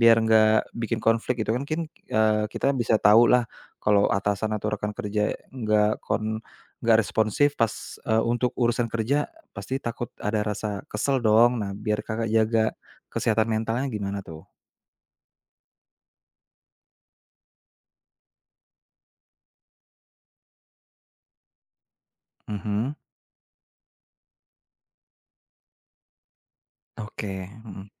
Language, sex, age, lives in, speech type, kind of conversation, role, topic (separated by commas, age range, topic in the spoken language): Indonesian, male, 30-34, Indonesia, conversation, podcast, host, Bagaimana cara kamu mengatasi kelelahan kerja?